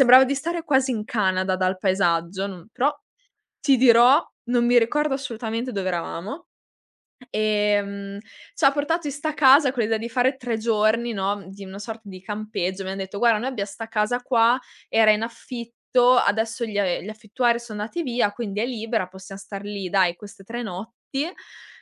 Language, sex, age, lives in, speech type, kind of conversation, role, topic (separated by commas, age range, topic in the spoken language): Italian, female, 20-24, Italy, conversation, podcast, guest, Qual è un’esperienza scolastica che ti ha cambiato la vita?